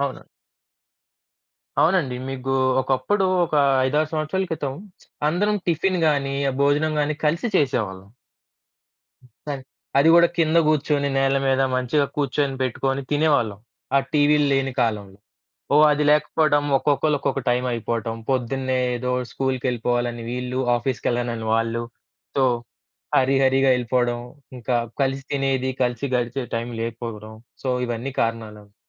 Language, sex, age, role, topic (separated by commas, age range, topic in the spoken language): Telugu, male, 25-29, guest, పిల్లలు పెరిగేకొద్దీ వాళ్లతో అనుసంధానం కోల్పోకుండా ఉండేందుకు మీరు ఏ చిట్కాలు పాటిస్తారు?